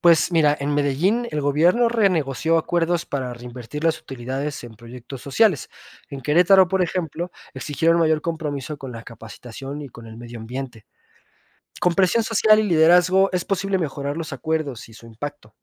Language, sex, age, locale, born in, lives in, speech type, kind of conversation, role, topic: Spanish, male, 25-29, es-MX, Mexico, Mexico, read, expert-assistant, expert, ¿Cuáles son los factores más importantes para decidir dónde ubicar una nueva empresa: acceso a transporte, mano de obra calificada o incentivos fiscales?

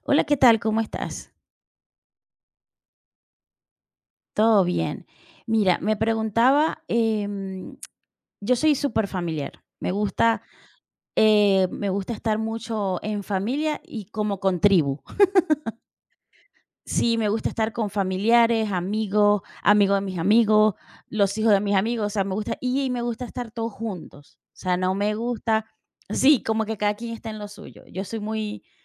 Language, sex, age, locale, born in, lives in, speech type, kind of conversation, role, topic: Spanish, female, 40-44, es-VE, Venezuela, United States, conversation, unstructured, none, ¿Cómo te gusta pasar un día especial con tu familia?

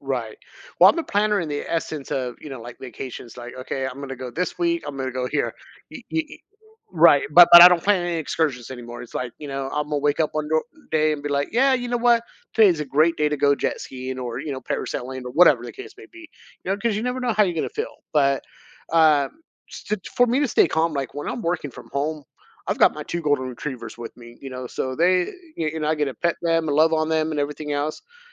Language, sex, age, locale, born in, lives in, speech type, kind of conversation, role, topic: English, male, 45-49, en-US, United States, United States, conversation, podcast, guest, What helps you stay resilient when life doesn’t go as planned?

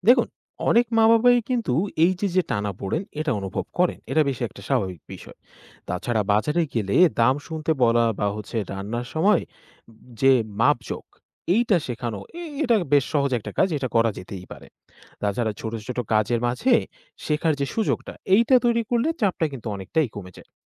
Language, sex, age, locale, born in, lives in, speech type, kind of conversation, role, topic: Bengali, male, 20-24, bn-BD, Bangladesh, Bangladesh, read, expert-assistant, expert, শিশুর শেখার প্রতি আগ্রহ ও মনোযোগ কীভাবে বাড়ানো যায়?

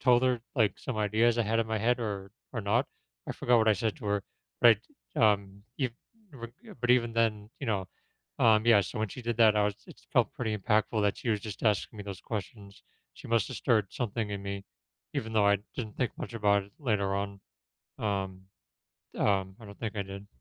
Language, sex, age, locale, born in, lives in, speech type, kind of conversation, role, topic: English, male, 35-39, en-US, United States, United States, conversation, podcast, guest, What are some meaningful ways schools can promote student well-being and mental health?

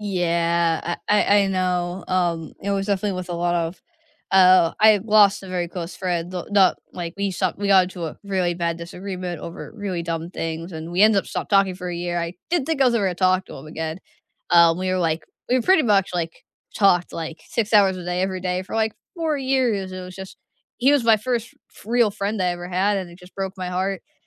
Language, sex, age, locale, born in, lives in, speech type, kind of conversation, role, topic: English, female, 20-24, en-US, United States, United States, conversation, unstructured, none, What is the best way to handle a disagreement with a close friend?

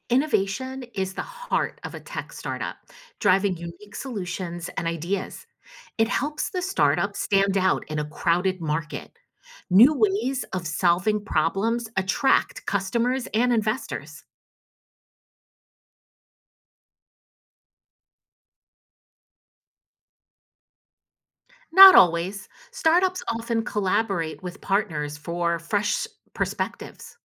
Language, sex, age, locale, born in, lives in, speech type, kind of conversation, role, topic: English, female, 50-54, en-US, United States, United States, read, expert-assistant, expert, What traits define a thriving tech startup?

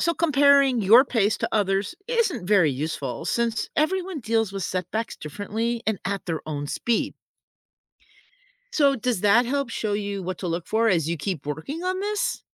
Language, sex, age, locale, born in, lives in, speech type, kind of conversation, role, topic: English, female, 60-64, en-US, United States, United States, read, expert-assistant, expert, What are the benefits of learning from failure?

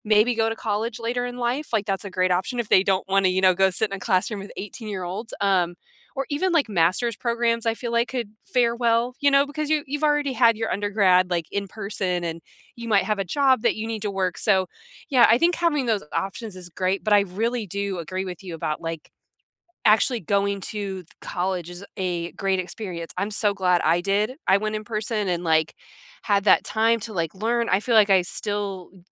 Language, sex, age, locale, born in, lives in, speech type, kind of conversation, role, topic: English, female, 30-34, en-US, United States, United States, conversation, unstructured, none, How do you learn best—by seeing, hearing, or doing—and how can we support each other’s learning?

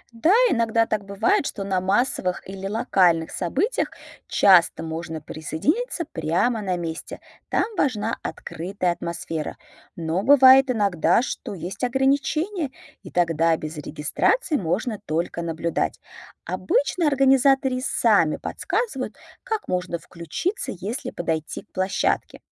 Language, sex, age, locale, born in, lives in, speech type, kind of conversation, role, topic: Russian, female, 35-39, ru-RU, Russia, United States, read, expert-assistant, expert, Как узнать, куда ездят местные жители, а не туристы?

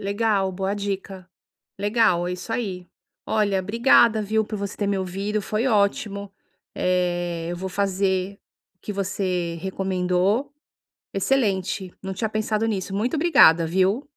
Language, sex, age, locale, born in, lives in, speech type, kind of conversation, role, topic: Portuguese, female, 50-54, pt-BR, Brazil, United States, conversation, advice, user, Como posso controlar o nervosismo antes de uma apresentação para falar bem em público?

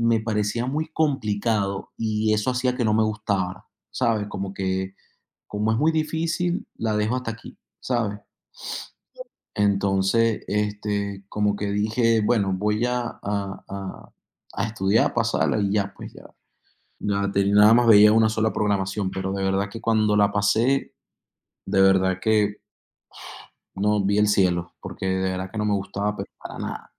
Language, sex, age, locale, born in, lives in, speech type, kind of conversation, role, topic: Spanish, male, 30-34, es-VE, Venezuela, United States, conversation, unstructured, none, ¿Qué materia te gusta más y por qué?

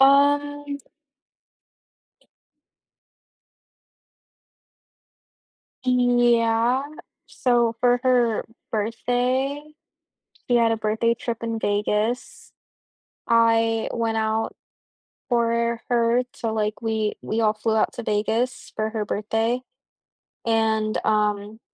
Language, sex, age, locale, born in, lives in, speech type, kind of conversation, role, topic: English, female, 20-24, en-US, United States, United States, conversation, advice, user, How do I resolve a misunderstanding with my close friend?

